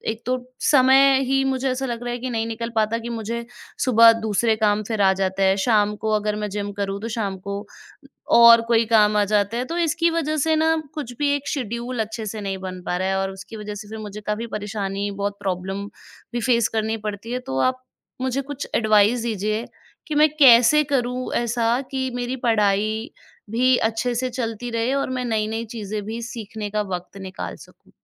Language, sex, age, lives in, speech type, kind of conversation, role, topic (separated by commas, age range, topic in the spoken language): Hindi, female, 25-29, India, conversation, advice, user, पढ़ाई या कौशल सीखने के लिए समय नहीं निकाल पाना